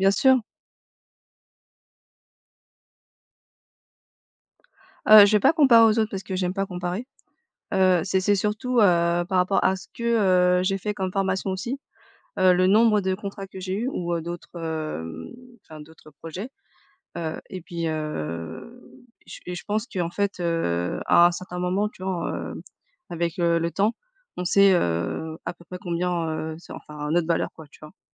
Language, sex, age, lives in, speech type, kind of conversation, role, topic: French, female, 35-39, France, conversation, unstructured, none, Comment négocies-tu ton salaire quand tu sais que ton travail vaut plus que ce qu’on te propose ?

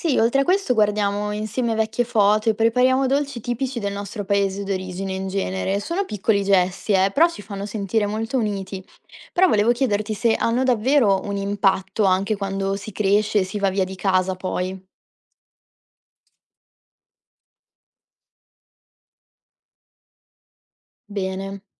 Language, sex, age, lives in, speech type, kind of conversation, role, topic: Italian, female, 20-24, Italy, read, expert-assistant, asker, Essere cittadini del mondo: è una ricchezza o una perdita di radici?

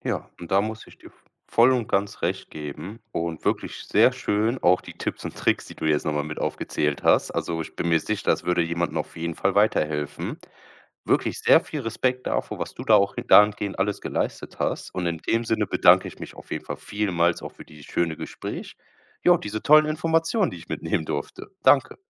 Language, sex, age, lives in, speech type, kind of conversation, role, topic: German, male, 25-29, Germany, conversation, podcast, host, Wie setzt du Grenzen, wenn dein Körper sie fordert?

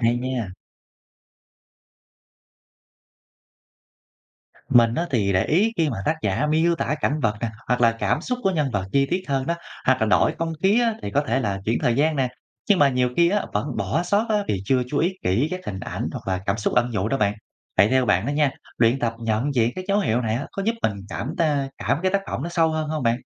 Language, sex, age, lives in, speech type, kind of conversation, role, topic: Vietnamese, male, 30-34, Vietnam, read, expert-assistant, asker, Có phương pháp nào giúp bạn dễ dàng tiếp cận và hiểu sâu hơn về các tác phẩm văn học đương đại không?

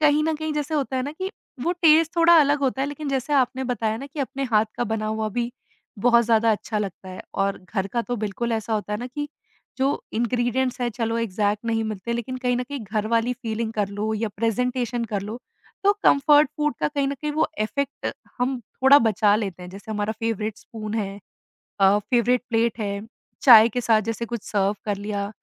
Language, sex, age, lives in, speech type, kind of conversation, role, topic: Hindi, female, 25-29, India, conversation, podcast, guest, Ghar se door rehkar apna pasandeeda ghar-jaisa khana kaise banate ho?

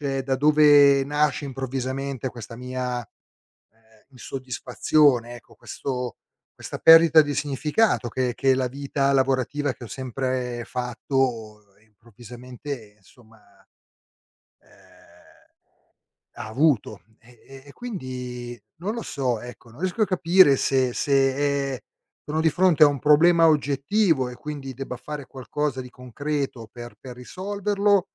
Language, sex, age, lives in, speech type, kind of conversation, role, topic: Italian, male, 50-54, Italy, conversation, advice, user, Perché mi sento perso riguardo al senso del mio lavoro quotidiano?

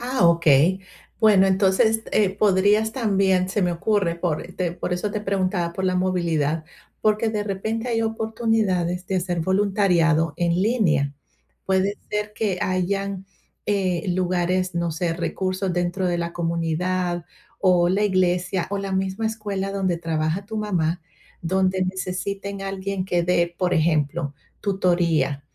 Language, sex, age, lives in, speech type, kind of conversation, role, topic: Spanish, female, 45-49, United States, conversation, advice, advisor, ¿Cómo puedo contribuir a una causa que realmente importe?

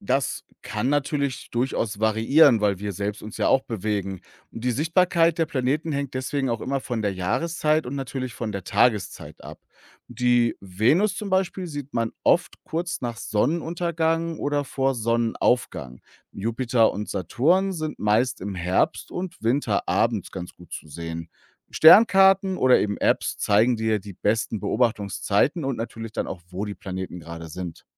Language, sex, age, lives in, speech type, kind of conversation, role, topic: German, male, 35-39, Germany, read, expert-assistant, expert, Wie kann ich Planeten am Nachthimmel leichter erkennen und unterscheiden?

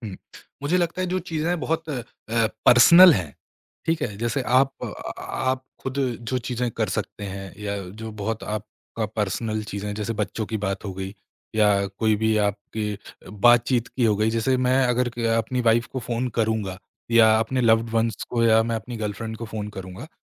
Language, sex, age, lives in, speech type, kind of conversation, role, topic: Hindi, male, 25-29, India, conversation, podcast, guest, AI ghar ke kaam ko kaise badlega, tum kya sochte ho?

